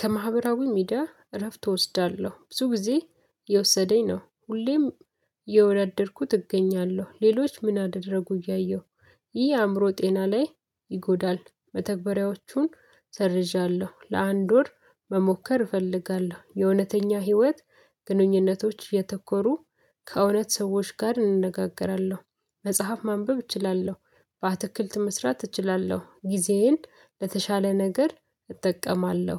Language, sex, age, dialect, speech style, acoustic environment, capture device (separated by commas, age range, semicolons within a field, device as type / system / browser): Amharic, female, 25-34, Ethiopia - Addis Ababa, excited; focused, silence, mobile / Linux / Chrome